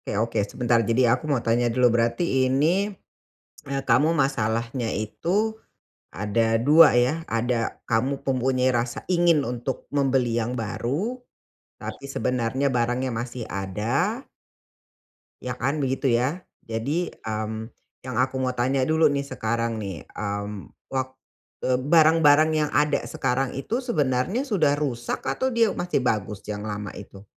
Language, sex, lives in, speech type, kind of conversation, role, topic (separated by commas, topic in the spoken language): Indonesian, female, Indonesia, conversation, advice, advisor, Bagaimana cara menimbang kebutuhan dan keinginan saat memilih barang baru untuk rumah?